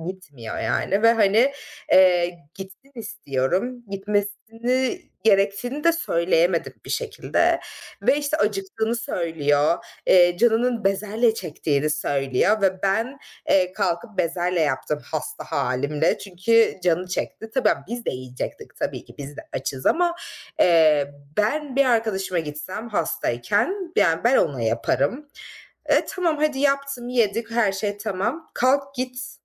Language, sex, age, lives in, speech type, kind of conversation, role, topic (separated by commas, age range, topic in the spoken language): Turkish, female, 25-29, Germany, conversation, advice, user, Arkadaşlıklarımda neden sınır koyma ihtiyacı hissediyorum?